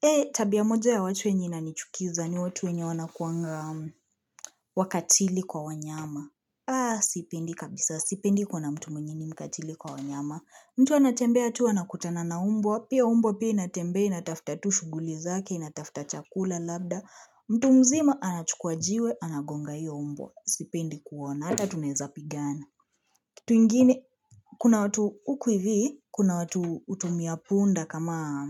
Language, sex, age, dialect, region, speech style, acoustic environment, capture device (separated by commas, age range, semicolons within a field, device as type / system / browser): Swahili, female, 25-34, Kenya - Nairobi Sheng-influenced, Kenya, relaxed, appliances; silence, mobile / Linux / Chrome